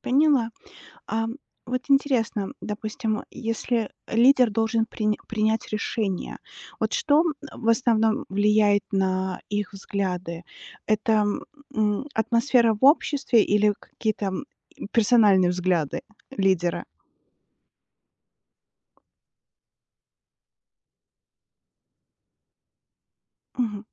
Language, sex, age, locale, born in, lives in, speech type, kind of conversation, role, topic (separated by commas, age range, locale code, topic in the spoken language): Russian, female, 40-44, ru-RU, Belarus, United States, read, expert-assistant, asker, Почему иногда идеи одной религии начинают расходиться, и появляются новые направления или общины?